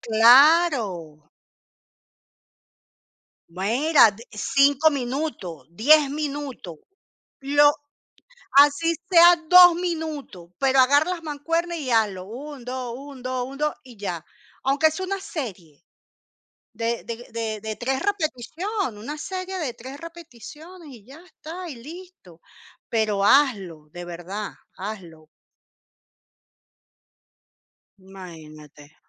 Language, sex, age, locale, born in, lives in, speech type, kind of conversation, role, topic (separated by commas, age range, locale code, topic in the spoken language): Spanish, female, 45-49, es-CL, Venezuela, Portugal, conversation, unstructured, none, ¿Cómo te sientes cuando alguien cercano tiene problemas de salud por falta de ejercicio?